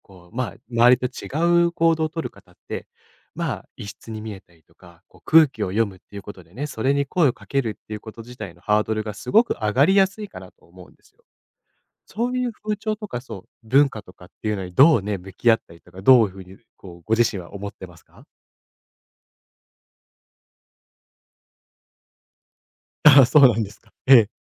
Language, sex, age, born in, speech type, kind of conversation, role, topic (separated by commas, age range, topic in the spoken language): Japanese, male, 25-29, Japan, conversation, podcast, host, 仲間外れを見かけたら、あなたはどう対応しますか？